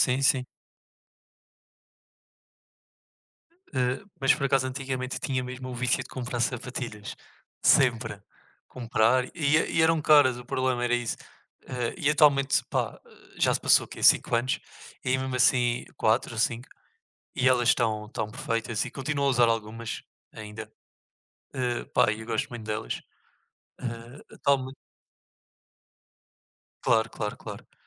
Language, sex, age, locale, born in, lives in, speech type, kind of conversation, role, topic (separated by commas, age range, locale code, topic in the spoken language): Portuguese, male, 20-24, pt-PT, Portugal, Portugal, conversation, unstructured, none, Você já se arrependeu de alguma compra que fez?